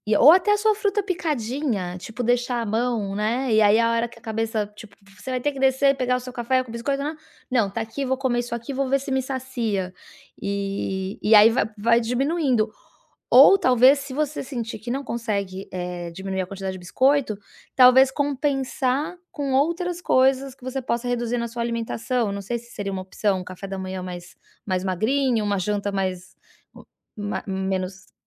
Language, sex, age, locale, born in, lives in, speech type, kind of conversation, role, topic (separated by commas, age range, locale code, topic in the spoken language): Portuguese, female, 40-44, pt-BR, Brazil, United States, conversation, advice, advisor, Como posso saber se minha vontade de comer é fome física ou emocional?